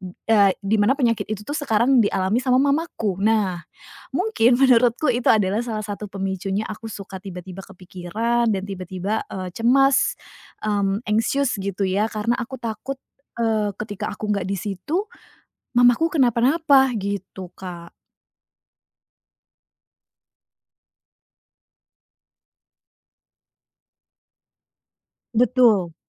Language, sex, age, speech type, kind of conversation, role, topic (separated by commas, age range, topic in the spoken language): Indonesian, female, 30-34, conversation, advice, user, Bagaimana cara menerima naik turun emosi sebagai bagian dari hidup tanpa merasa bersalah atau panik?